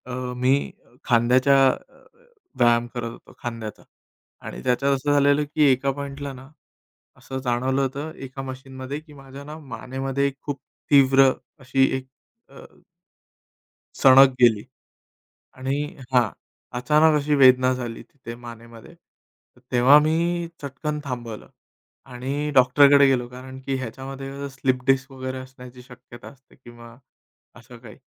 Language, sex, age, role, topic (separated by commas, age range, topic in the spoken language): Marathi, male, 30-34, guest, व्यायाम करताना शरीराचे संकेत कसे ऐकता आणि त्यानुसार व्यायामात कसा बदल करता?